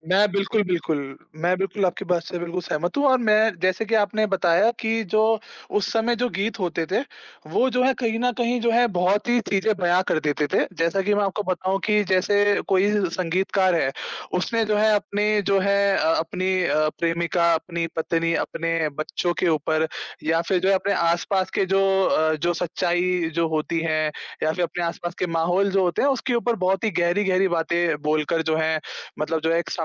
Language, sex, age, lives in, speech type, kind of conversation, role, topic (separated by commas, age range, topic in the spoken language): Hindi, male, 20-24, India, conversation, unstructured, none, कौन सा पुराना गाना सुनकर आपकी पुरानी यादें ताज़ा हो जाती हैं?